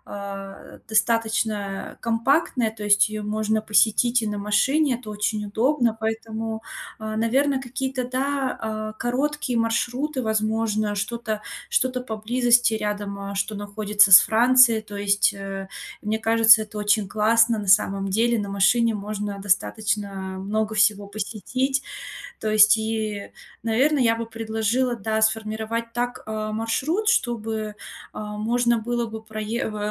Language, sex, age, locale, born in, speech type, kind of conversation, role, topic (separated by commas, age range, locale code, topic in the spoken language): Russian, female, 30-34, ru-RU, Russia, conversation, advice, advisor, Как спланировать короткий отпуск, если у меня мало свободного времени?